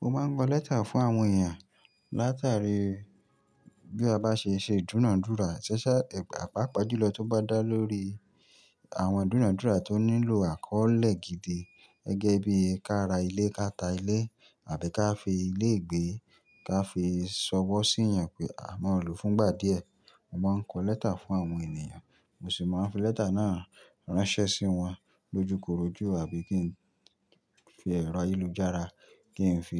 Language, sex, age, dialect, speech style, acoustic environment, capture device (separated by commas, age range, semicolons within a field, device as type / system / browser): Yoruba, male, 25-34, Nigeria - Nigerian Standard, happy; excited; focused, nature, mobile / Linux / Chrome